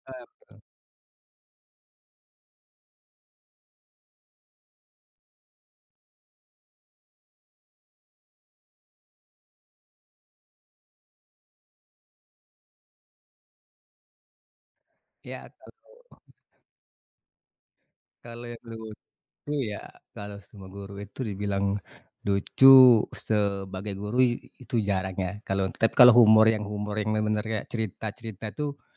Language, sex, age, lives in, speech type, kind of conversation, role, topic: Indonesian, male, 40-44, Indonesia, conversation, podcast, guest, Siapa guru yang paling berkesan bagi Anda, dan mengapa beliau begitu berpengaruh dalam hidup Anda?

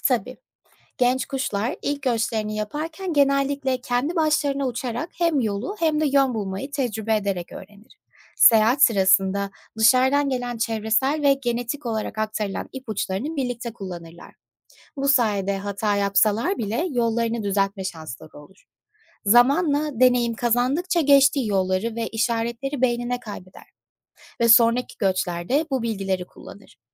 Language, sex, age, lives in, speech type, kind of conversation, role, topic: Turkish, female, 25-29, United States, read, expert-assistant, expert, Kuşlar nasıl yön bulur?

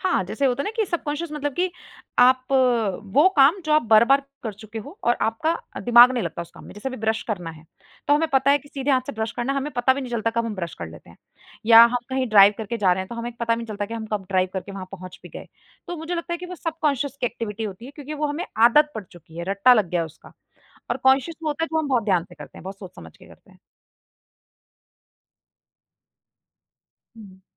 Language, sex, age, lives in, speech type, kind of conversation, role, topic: Hindi, female, 25-29, India, conversation, podcast, guest, कौन-सी आदत ने आपकी ज़िंदगी बदल दी?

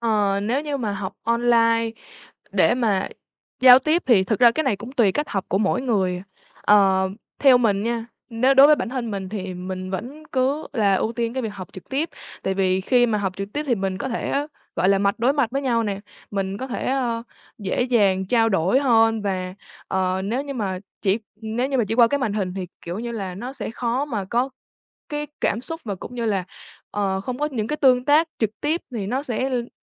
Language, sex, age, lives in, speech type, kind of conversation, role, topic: Vietnamese, female, 18-19, Vietnam, conversation, podcast, guest, Bạn nghĩ thế nào về việc học trực tuyến so với học trực tiếp?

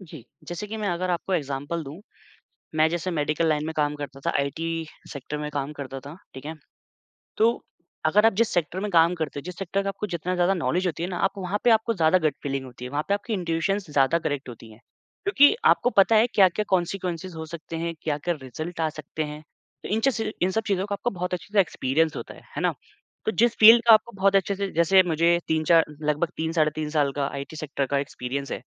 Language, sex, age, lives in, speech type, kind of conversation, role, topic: Hindi, male, 25-29, India, conversation, podcast, guest, Tum kaise samajhte ho ki koi gut feeling sachchi intuition hai ya sirf bias?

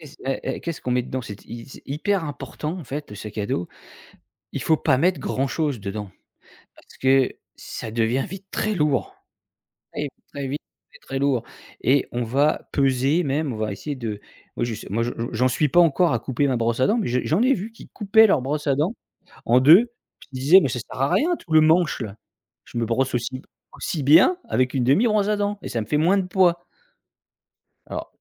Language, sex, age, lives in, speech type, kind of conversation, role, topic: French, male, 45-49, France, conversation, podcast, guest, Quels sont tes indispensables dans ton sac à dos ?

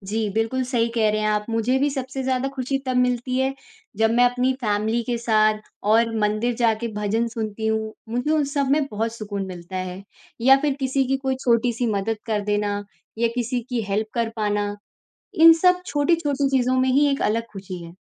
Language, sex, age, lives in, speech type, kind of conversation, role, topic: Hindi, female, 18-19, India, conversation, unstructured, none, आपको सबसे ज़्यादा खुशी कब मिलती है?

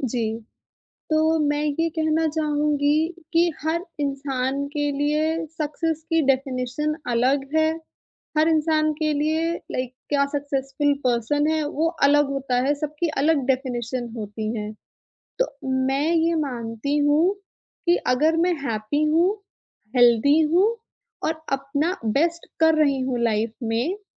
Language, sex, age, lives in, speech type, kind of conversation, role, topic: Hindi, female, 25-29, India, conversation, podcast, guest, Aaj kal tumhare liye success ka matlab kya hai?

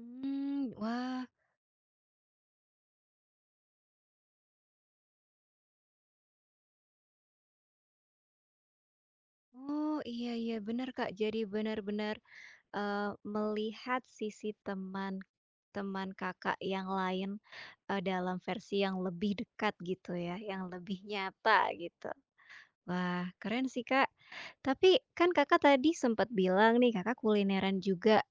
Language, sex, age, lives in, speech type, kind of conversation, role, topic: Indonesian, female, 25-29, Indonesia, conversation, podcast, host, Apa momen perjalanan paling berkesan dalam hidupmu?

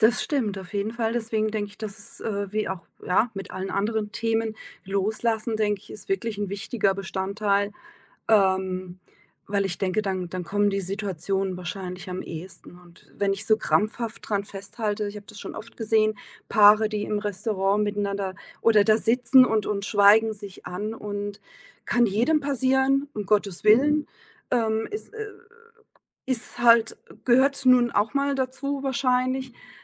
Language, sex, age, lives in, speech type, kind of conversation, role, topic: German, female, 45-49, Germany, conversation, podcast, guest, Wie kann man Romantik im Alltag lebendig halten?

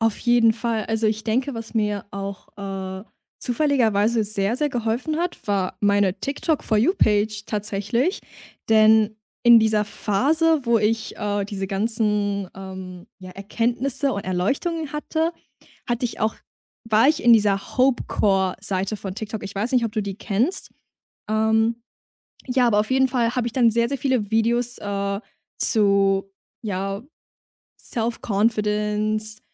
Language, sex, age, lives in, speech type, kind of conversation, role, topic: German, female, 20-24, Germany, conversation, podcast, guest, Was war dein größter emotionaler Lernmoment?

